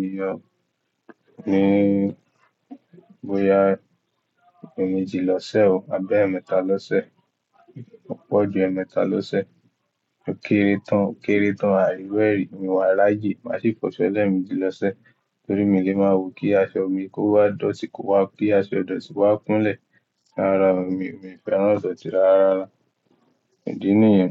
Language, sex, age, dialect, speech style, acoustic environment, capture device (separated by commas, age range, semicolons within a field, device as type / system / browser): Yoruba, male, 18-24, Nigeria - Ibadan, happy, silence; nature, mobile / Linux / Chrome